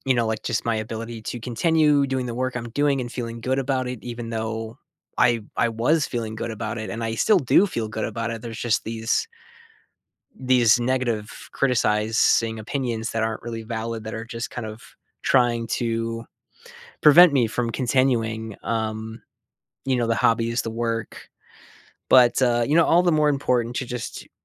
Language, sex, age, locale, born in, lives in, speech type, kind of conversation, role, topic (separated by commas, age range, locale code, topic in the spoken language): English, male, 30-34, en-US, United States, United States, conversation, advice, user, How can I rebuild my confidence after a setback?